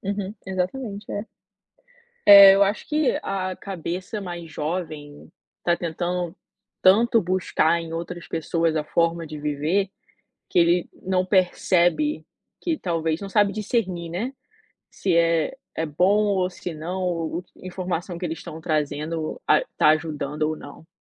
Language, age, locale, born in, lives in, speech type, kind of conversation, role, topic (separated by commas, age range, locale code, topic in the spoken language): Portuguese, 25-29, pt-BR, Brazil, United States, conversation, unstructured, none, Você sente que a sociedade valoriza mais a aparência do que a saúde?